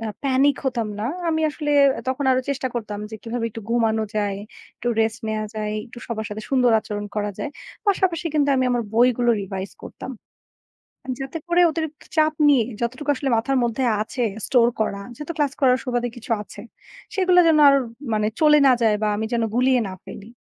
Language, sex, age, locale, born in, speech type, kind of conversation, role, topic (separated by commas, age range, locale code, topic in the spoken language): Bengali, female, 35-39, bn-BD, Bangladesh, conversation, unstructured, none, পরীক্ষার চাপ কমানোর জন্য কী কী উপায় আছে?